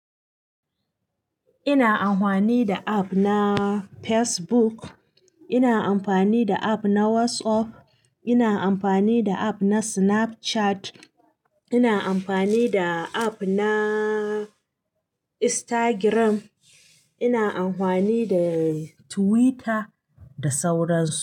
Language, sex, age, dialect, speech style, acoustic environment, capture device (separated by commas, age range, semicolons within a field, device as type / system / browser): Hausa, female, 35-44, Nigeria - Katsina, happy; nervous; anxious, appliances; silence; nature, mobile / Linux / Chrome